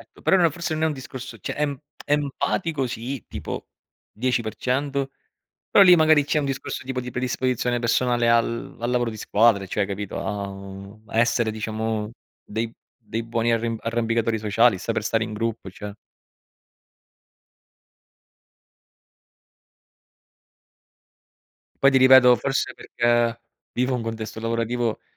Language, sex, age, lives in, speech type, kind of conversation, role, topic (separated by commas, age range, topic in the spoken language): Italian, male, 30-34, Italy, conversation, unstructured, none, Qual è stata la più grande lezione che hai imparato sull’empatia?